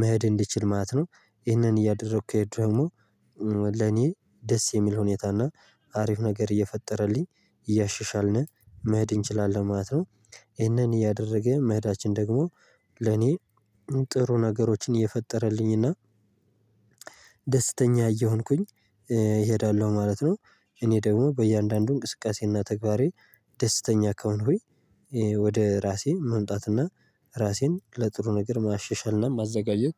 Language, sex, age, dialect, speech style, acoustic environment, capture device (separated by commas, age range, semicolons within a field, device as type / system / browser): Amharic, male, 18-24, Ethiopia - Gojjam, tired, nature, mobile / Linux / Chrome